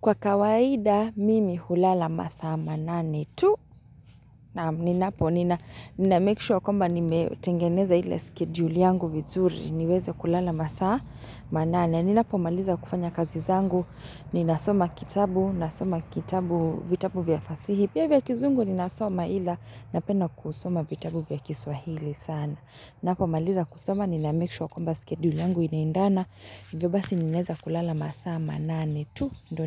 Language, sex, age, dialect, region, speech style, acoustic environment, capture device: Swahili, female, 25-34, Kenya - Nairobi Sheng-influenced, Kenya, focused, nature, mobile / Linux / Chrome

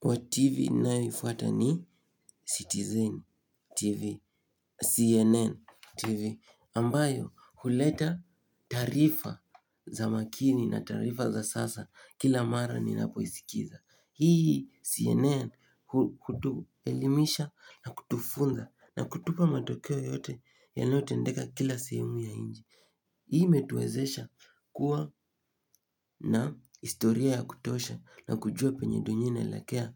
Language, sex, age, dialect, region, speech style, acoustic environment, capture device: Swahili, male, 18-24, Kenya - Nairobi Sheng-influenced, Kenya, happy, silence; nature, mobile / Linux / Chrome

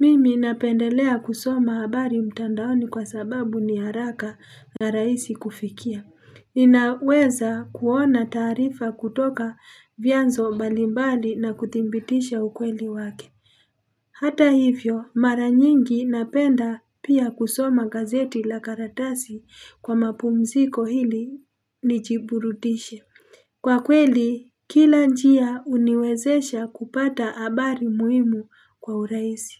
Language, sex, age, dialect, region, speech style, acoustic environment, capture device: Swahili, female, 35-44, Kenya - Nairobi Sheng-influenced, Kenya, relaxed; happy; excited; focused, people talking; traffic; construction; appliances; nature, mobile / Linux / Chrome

